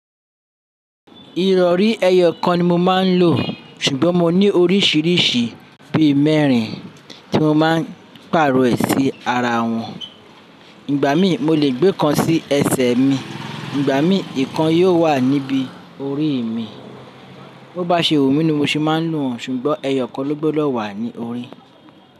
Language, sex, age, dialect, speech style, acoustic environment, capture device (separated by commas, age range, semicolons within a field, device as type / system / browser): Yoruba, male, 35-44, Nigeria - Lagos Yoruba, happy, people talking, mobile / Linux / Chrome